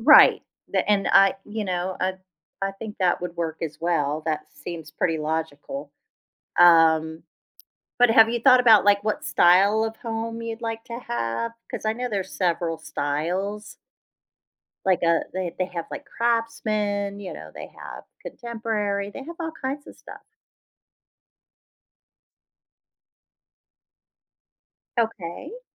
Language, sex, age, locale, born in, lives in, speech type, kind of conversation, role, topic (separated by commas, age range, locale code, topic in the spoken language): English, female, 45-49, en-US, United States, United States, conversation, advice, advisor, How do I buy my first home?